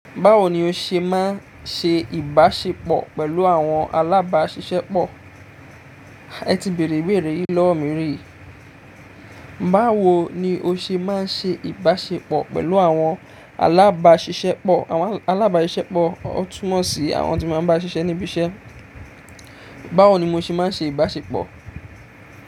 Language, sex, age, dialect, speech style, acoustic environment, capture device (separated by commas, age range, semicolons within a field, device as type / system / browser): Yoruba, male, 25-34, Nigeria - Ijebu, excited; focused; happy, appliances; nature, mobile / Linux / Chrome